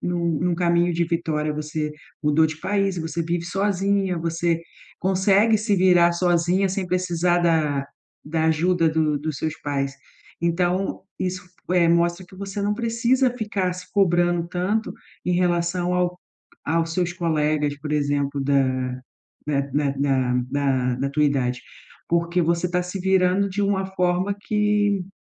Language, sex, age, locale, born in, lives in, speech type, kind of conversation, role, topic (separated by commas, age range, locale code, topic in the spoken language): Portuguese, female, 40-44, pt-BR, Brazil, Portugal, conversation, advice, advisor, Como lidar com a comparação com colegas da mesma idade?